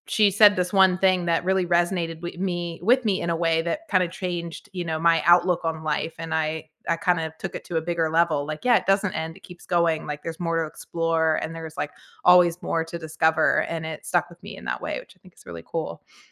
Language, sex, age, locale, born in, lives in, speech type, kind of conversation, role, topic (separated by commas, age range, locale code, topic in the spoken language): English, female, 40-44, en-US, United States, United States, conversation, unstructured, none, Which teacher has most shaped who you are today, and what moments made the difference?